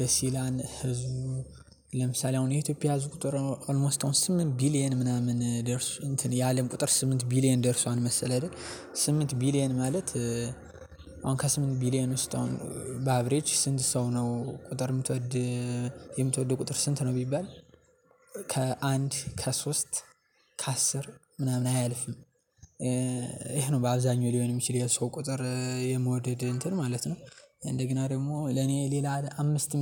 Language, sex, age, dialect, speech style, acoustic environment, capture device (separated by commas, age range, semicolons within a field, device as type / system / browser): Amharic, male, 18-24, Ethiopia - Gondar, happy; excited, nature; silence, mobile / Linux / Chrome